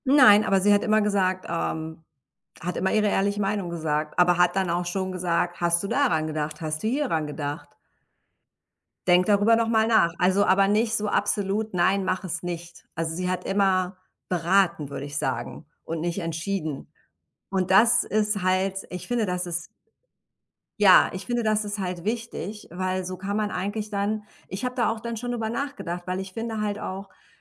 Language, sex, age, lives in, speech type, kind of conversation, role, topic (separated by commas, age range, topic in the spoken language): German, female, 45-49, United States, conversation, unstructured, none, Wie gehst du mit Konflikten in der Familie um?